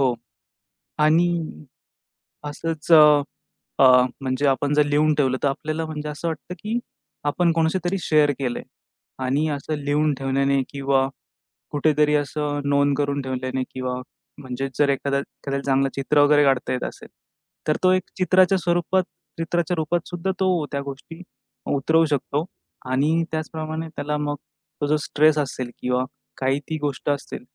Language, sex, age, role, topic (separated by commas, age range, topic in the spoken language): Marathi, male, 25-29, guest, ताणतणाव असताना तुम्ही तुमच्या छंदावरचं लक्ष पुन्हा कसं केंद्रित करता?